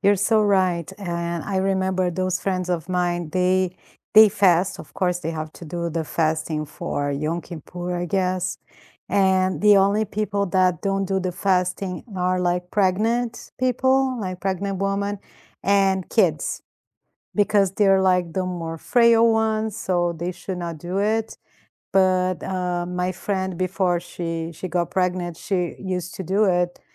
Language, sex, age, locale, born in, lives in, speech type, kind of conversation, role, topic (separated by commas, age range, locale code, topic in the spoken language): English, female, 45-49, en-BR, Brazil, United States, conversation, unstructured, none, How do different cultures celebrate important life events?